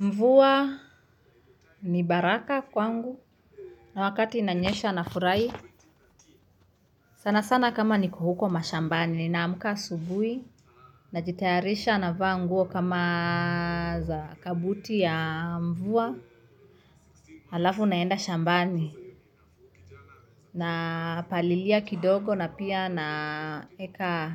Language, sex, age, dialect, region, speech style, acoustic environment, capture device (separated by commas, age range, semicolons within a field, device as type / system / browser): Swahili, female, 25-34, Kenya - Nairobi Sheng-influenced, Kenya, nervous; happy; focused, music; nature; appliances, mobile / Linux / Chrome